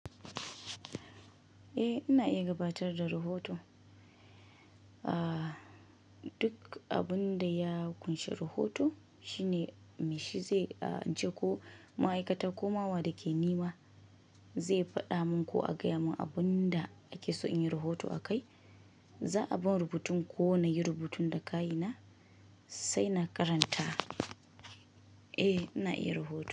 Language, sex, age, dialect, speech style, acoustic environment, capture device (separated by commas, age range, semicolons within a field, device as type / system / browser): Hausa, female, 25-34, Nigeria - Kano, relaxed, construction, mobile / Linux / Chrome